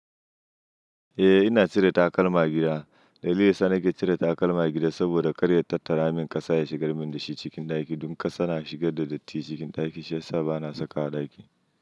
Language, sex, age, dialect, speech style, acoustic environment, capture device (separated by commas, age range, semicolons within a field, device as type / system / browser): Hausa, male, 25-34, Nigeria - Nigerian Standard, happy, nature; silence, mobile / Linux / Chrome